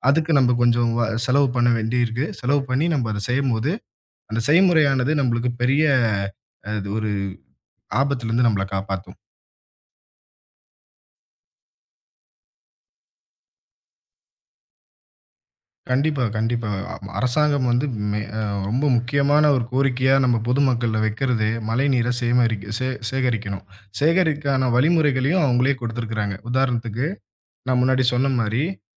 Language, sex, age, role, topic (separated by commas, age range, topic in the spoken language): Tamil, male, 25-29, guest, மழைநீரைச் சேமித்து எளிய முறையில் எப்படிப் பயன்படுத்தலாம்?